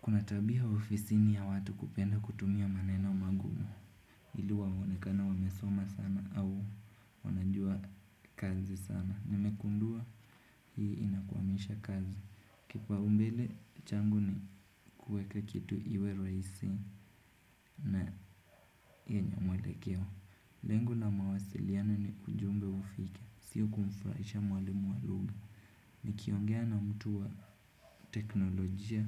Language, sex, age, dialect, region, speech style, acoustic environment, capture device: Swahili, male, 18-24, Kenya - Nairobi Sheng-influenced, Kenya, happy; focused, nature; silence, desktop / Windows / Chrome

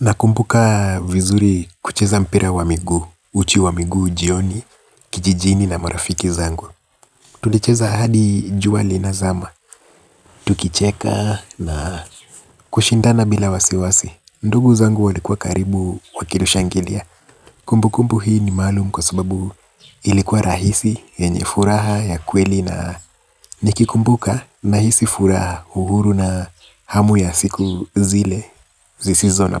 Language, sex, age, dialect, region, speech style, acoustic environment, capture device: Swahili, male, 25-34, Kenya - Nairobi Sheng-influenced, Kenya, relaxed, nature; appliances, mobile / Linux / Chrome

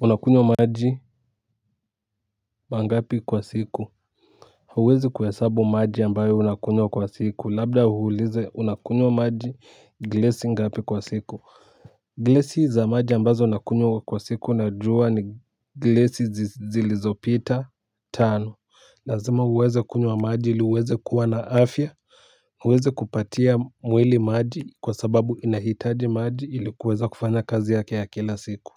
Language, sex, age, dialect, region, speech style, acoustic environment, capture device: Swahili, male, 18-24, Kenya - Nairobi Sheng-influenced, Kenya, excited, people talking; music; nature, mobile / Linux / Chrome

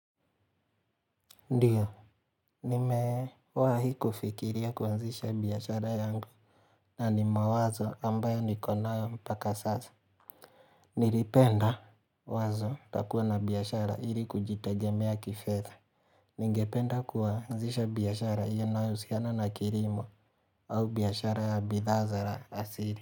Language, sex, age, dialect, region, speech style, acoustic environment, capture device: Swahili, male, 25-34, Kenya - Mombasa, Kenya, relaxed; focused, nature; silence, mobile / Linux / Chrome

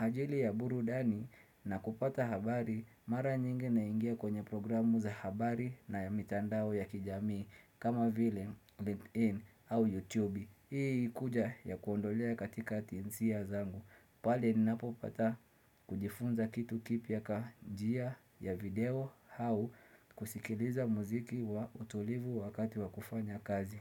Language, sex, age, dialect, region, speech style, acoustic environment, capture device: Swahili, male, 25-34, Kenya - Mombasa, Kenya, focused, silence, desktop / Windows / Chrome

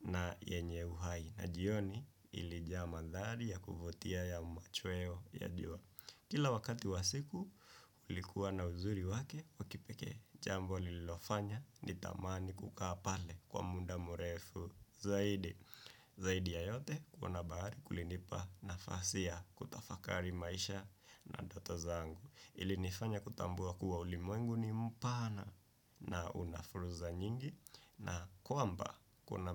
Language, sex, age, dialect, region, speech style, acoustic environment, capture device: Swahili, male, 18-24, Kenya - Nairobi Sheng-influenced, Kenya, relaxed, silence, desktop / Windows / Chrome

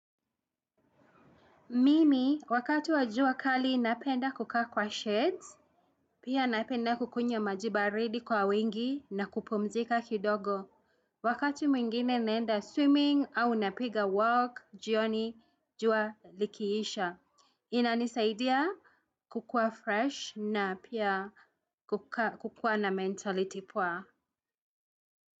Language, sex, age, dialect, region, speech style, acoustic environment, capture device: Swahili, female, 35-44, Kenya - Nairobi Sheng-influenced, Kenya, happy; anxious, people talking; traffic; music, desktop / Windows / Chrome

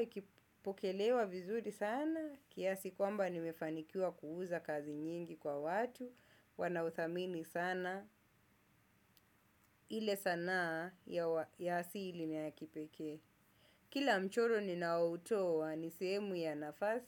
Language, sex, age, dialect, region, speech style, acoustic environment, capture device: Swahili, male, 25-34, Kenya - Mombasa, Kenya, happy; focused; excited; relaxed, nature; silence, desktop / Windows / Chrome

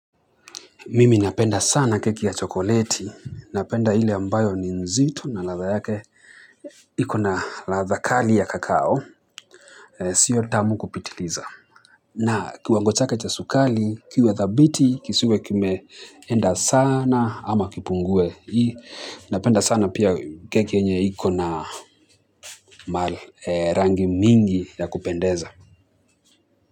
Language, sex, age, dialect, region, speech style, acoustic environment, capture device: Swahili, male, 25-34, Kenya - Mombasa, Kenya, nervous; excited, people talking, mobile / Linux / Chrome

